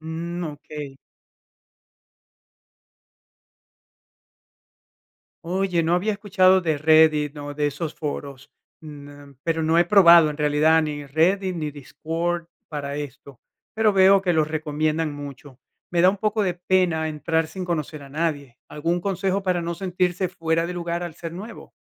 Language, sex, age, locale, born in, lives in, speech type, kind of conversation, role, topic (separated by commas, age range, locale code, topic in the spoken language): Spanish, male, 50-54, es-VE, Venezuela, United States, read, expert-assistant, asker, ¿Qué libros, podcasts o sitios web recomiendas para mejorar mis habilidades en fijar y cumplir metas?